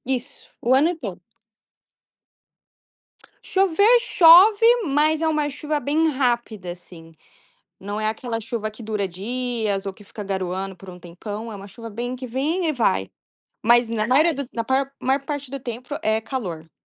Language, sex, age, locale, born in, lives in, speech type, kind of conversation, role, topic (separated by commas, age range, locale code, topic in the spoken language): Portuguese, female, 20-24, pt-BR, Brazil, United States, conversation, podcast, guest, Qual foi a sua primeira experiência marcante na praia?